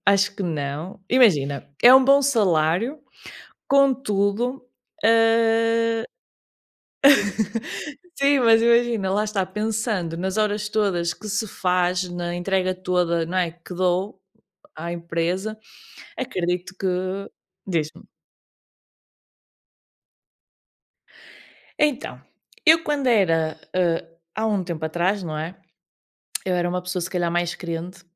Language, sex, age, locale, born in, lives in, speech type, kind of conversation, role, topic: Portuguese, female, 30-34, pt-PT, Portugal, Portugal, conversation, unstructured, none, Você acha que o salário que recebe vale o esforço que faz?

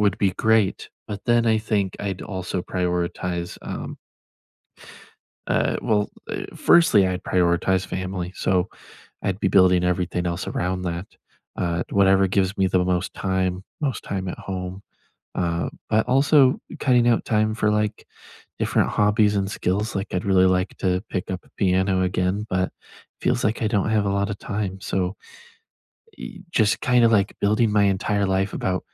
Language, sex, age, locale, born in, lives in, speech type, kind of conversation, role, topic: English, male, 20-24, en-US, United States, United States, conversation, unstructured, none, If you could start fresh tomorrow, how would you set up your life to support your long-term priorities?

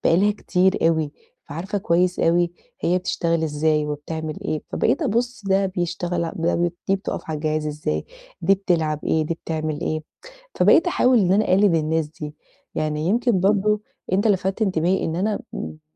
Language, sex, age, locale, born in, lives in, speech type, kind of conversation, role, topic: Arabic, female, 30-34, ar-EG, Egypt, Portugal, conversation, advice, user, إزاي أوازن بين التمرين والراحة من غير ما أتعب جسمي؟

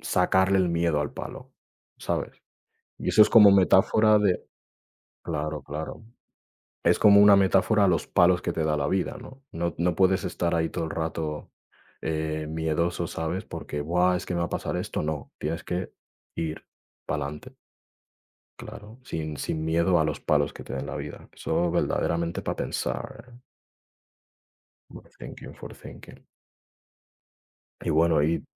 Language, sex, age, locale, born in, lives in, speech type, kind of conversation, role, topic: Spanish, male, 20-24, es-ES, Spain, Spain, conversation, unstructured, none, ¿Cómo integras la meditación en tu día a día?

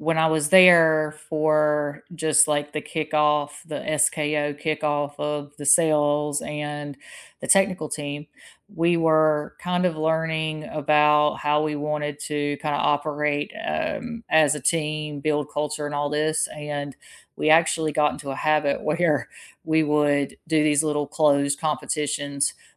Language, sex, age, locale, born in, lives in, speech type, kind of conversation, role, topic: English, female, 40-44, en-US, United States, United States, conversation, unstructured, none, Which wearables do you actually wear most often for fitness, sleep, or notifications?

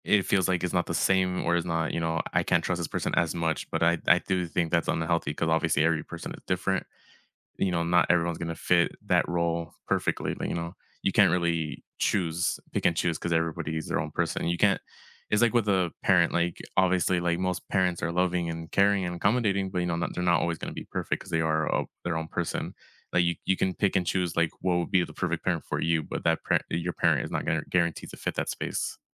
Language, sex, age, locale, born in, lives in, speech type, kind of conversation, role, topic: English, male, 25-29, en-US, United States, United States, conversation, unstructured, none, Have you ever felt like you had to hide who you are?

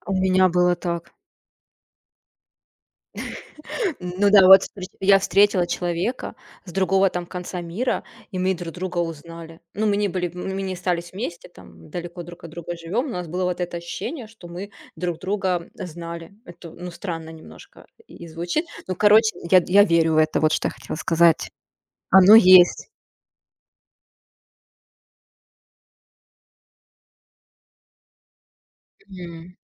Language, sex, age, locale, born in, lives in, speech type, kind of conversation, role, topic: Russian, female, 30-34, ru-RU, Russia, Germany, conversation, unstructured, none, Как отличить настоящую любовь от привычки?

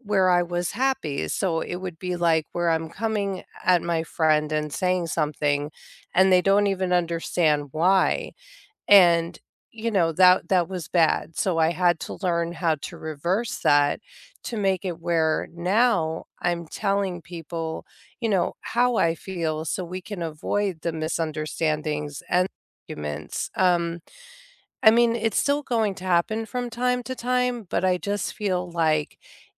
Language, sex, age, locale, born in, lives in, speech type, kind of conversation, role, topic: English, female, 45-49, en-US, United States, United States, conversation, unstructured, none, How do you reconnect after an argument or misunderstanding?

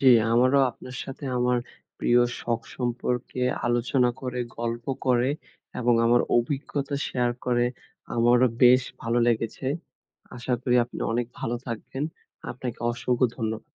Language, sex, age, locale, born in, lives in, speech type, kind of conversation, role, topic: Bengali, male, 20-24, bn-BD, Bangladesh, Bangladesh, conversation, podcast, guest, তোমার প্রিয় শখ কোনটি, আর সেটা তোমার ভালো লাগে কেন?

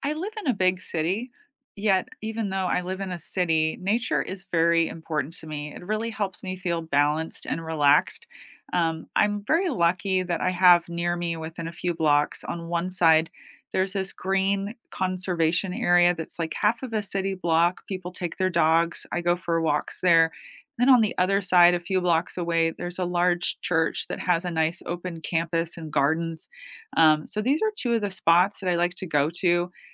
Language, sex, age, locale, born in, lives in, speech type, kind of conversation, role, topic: English, female, 45-49, en-US, United States, United States, conversation, unstructured, none, How do you incorporate nearby nature into your daily life where you live, and what does it give you?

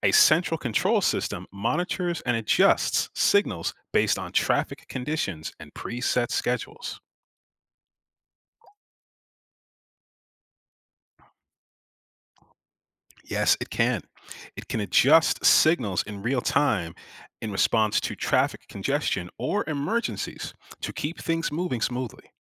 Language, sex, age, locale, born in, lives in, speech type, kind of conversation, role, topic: English, male, 35-39, en-US, United States, United States, read, expert-assistant, expert, How do traffic signals communicate with each other?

